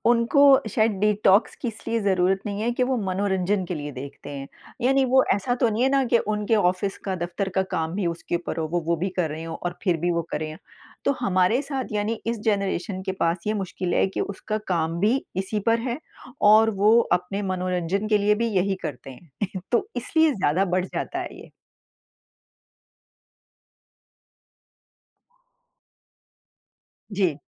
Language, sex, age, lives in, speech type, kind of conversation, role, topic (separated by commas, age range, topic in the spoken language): Hindi, female, 50-54, United States, conversation, unstructured, none, आप अपने जीवन में डिजिटल डिटॉक्स को कैसे शामिल करते हैं?